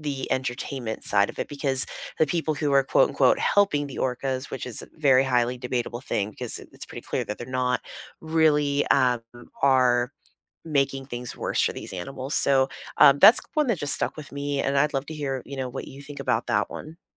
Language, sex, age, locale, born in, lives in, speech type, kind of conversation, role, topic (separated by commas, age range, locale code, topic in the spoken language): English, female, 35-39, en-US, United States, United States, conversation, unstructured, none, What documentaries have changed how you see something in your everyday life?